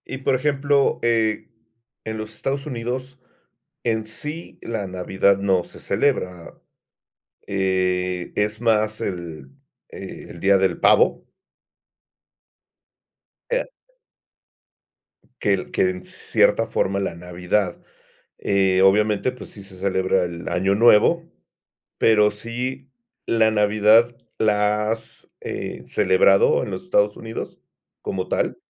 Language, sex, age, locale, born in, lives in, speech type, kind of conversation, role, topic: Spanish, male, 50-54, es-MX, Mexico, Mexico, conversation, podcast, host, ¿Cómo ha cambiado la tradición en tu familia a raíz de la migración?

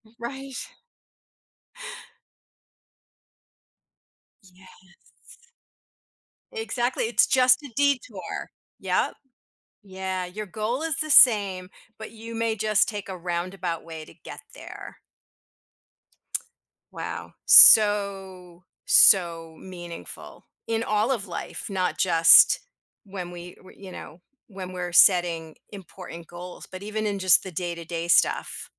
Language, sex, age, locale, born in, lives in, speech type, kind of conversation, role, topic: English, female, 55-59, en-US, United States, United States, conversation, podcast, host, How do you stay motivated and focused when your plans or priorities need to change?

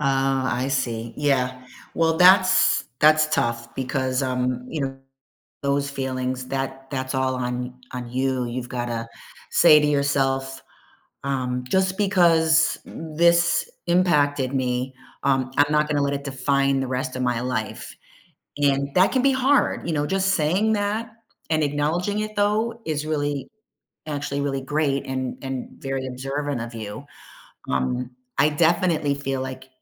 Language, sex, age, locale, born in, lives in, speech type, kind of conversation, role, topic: English, female, 50-54, en-US, United States, United States, conversation, advice, advisor, How can I cope with loneliness and start reconnecting after a breakup?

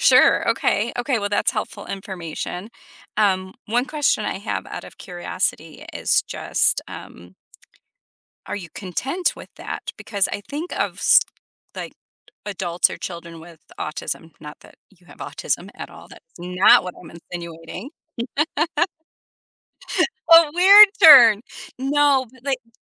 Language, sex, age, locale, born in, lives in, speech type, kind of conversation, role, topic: English, female, 45-49, en-US, United States, United States, conversation, advice, advisor, How can I build a daily routine that helps me stay productive and focused?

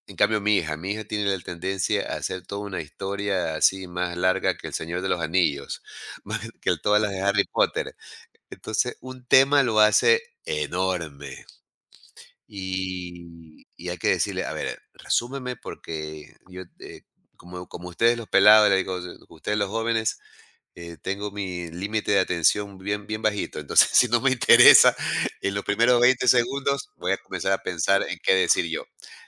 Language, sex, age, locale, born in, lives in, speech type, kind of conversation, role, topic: Spanish, male, 55-59, es-EC, Ecuador, United States, conversation, podcast, guest, ¿Cómo equilibras el hablar y el escuchar en una conversación?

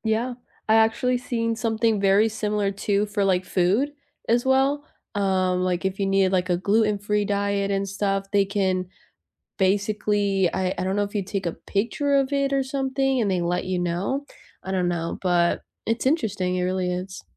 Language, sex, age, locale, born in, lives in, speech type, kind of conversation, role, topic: English, female, 25-29, en-US, United States, United States, conversation, unstructured, none, What tool or app has genuinely boosted your productivity?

